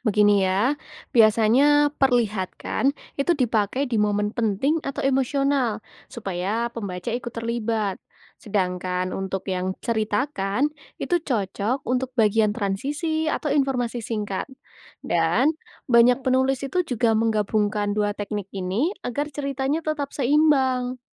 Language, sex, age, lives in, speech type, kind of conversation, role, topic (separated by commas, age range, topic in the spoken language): Indonesian, female, 20-24, Indonesia, read, expert-assistant, expert, Apa arti istilah 'show, don’t tell' dalam penulisan kreatif, dan mengapa prinsip ini sangat penting bagi penulis fiksi?